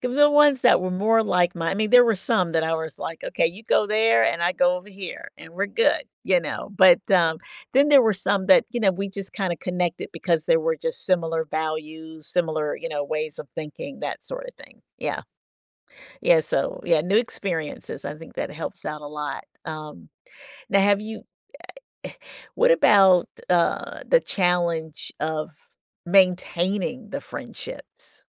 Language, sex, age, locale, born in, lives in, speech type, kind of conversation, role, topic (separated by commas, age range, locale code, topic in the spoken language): English, female, 55-59, en-US, United States, United States, conversation, podcast, host, Why can forming new friendships feel difficult for many people today?